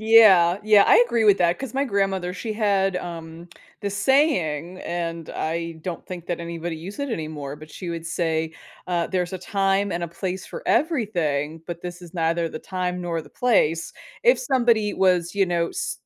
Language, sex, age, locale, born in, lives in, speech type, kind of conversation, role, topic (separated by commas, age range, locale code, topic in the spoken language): English, female, 40-44, en-US, United States, United States, conversation, podcast, host, How can we develop simple habits to protect ourselves online?